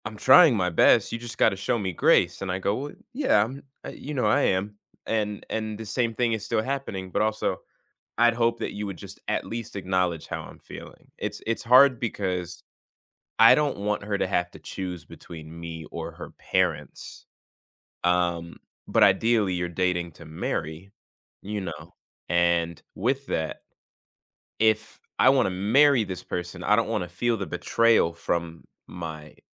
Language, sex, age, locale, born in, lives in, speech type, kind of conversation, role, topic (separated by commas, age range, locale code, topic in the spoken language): English, male, 20-24, en-US, United States, United States, conversation, advice, user, How can I talk through a recent disagreement with my partner and reach an understanding?